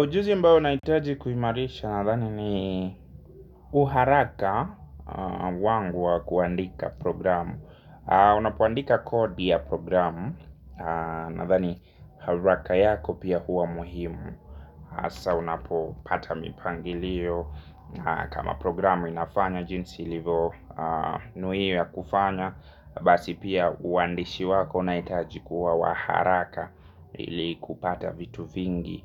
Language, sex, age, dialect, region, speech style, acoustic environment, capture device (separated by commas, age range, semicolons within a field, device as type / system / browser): Swahili, male, 25-34, Kenya - Nairobi Sheng-influenced, Kenya, happy; relaxed; focused; excited, nature; silence, mobile / Linux / Chrome